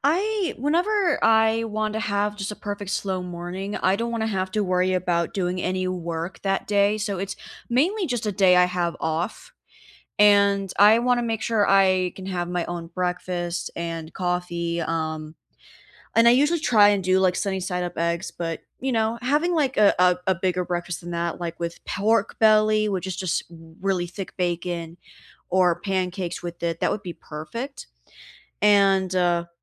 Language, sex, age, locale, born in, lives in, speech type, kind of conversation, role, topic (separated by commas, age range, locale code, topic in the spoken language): English, female, 20-24, en-US, United States, United States, conversation, unstructured, none, What does a perfect slow morning look like for you?